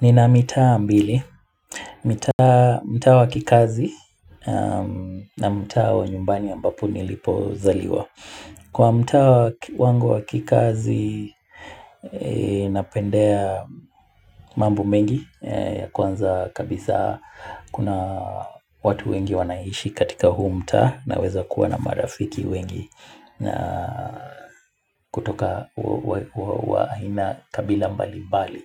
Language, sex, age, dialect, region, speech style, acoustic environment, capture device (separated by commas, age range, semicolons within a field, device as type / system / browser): Swahili, male, 25-34, Kenya - Mombasa, Kenya, relaxed, music, mobile / Linux / Chrome